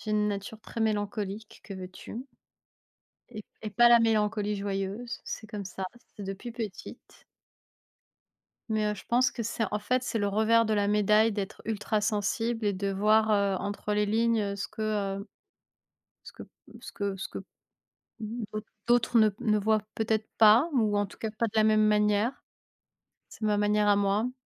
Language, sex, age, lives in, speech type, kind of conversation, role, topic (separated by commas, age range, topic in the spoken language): French, female, 30-34, France, conversation, unstructured, none, Comment gérez-vous les sentiments de tristesse sans raison précise ?